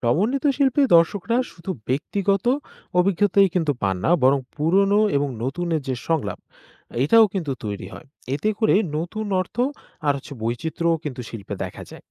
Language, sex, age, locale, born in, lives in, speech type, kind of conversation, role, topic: Bengali, male, 20-24, bn-BD, Bangladesh, Bangladesh, read, expert-assistant, expert, বিভিন্ন ধরনের ডিজিটাল আর্ট তৈরির নতুন নতুন উপায় কী হতে পারে এবং ভবিষ্যতে এই মাধ্যমটা কীভাবে আরও পরিবর্তন ও বিস্তৃত হতে পারে?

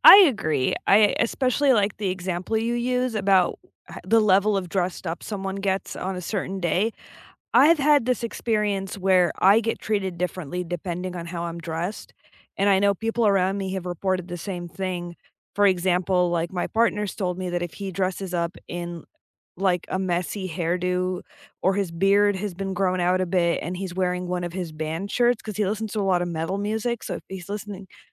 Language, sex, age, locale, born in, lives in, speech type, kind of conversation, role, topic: English, female, 25-29, en-US, United States, United States, conversation, unstructured, none, How do you feel when people judge you by your appearance?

